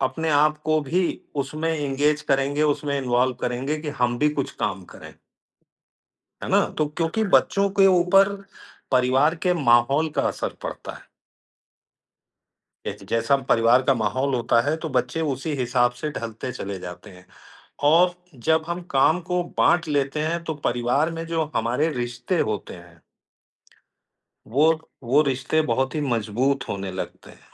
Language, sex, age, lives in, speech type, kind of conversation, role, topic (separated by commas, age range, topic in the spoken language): Hindi, male, 55-59, India, conversation, unstructured, none, क्या आपको लगता है कि घर के काम में सबकी बराबर हिस्सेदारी होनी चाहिए?